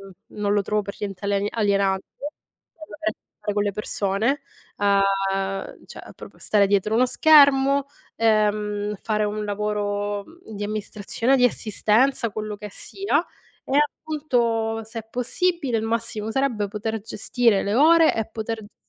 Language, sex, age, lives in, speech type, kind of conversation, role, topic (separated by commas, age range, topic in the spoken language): Italian, female, 40-44, Italy, conversation, unstructured, none, Come scegli un lavoro che ti piaccia davvero?